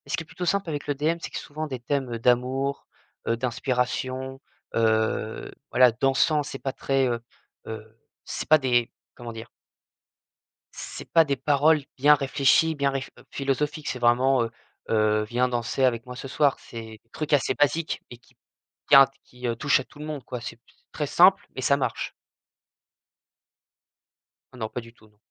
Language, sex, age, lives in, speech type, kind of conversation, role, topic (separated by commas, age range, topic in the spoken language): French, male, 20-24, France, conversation, podcast, guest, Quelle musique te met instantanément de bonne humeur ?